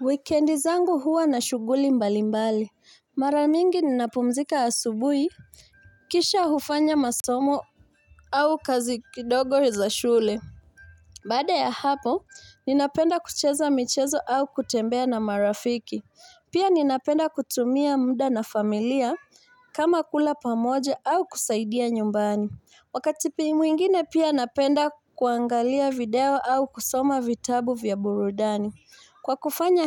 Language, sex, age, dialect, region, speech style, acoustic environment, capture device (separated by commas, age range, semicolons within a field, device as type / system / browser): Swahili, female, 25-34, Kenya - Mombasa, Kenya, happy, silence, mobile / Linux / Chrome